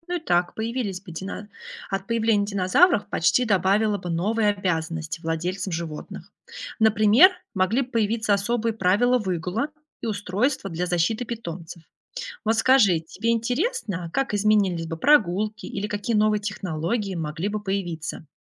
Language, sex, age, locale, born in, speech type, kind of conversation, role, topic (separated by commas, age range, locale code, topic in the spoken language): Russian, female, 30-34, ru-RU, Russia, read, expert-assistant, expert, Что было бы, если бы динозавры не вымерли и жили бы рядом с людьми сегодня? Как могла бы выглядеть наша цивилизация?